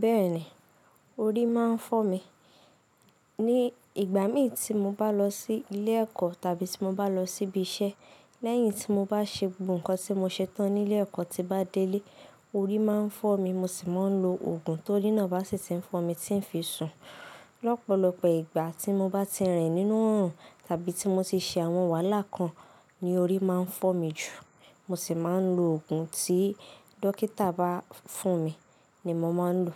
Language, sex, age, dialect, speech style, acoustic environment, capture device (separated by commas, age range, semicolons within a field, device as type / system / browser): Yoruba, female, 18-24, Nigeria - Ibadan, focused, nature, mobile / Linux / Chrome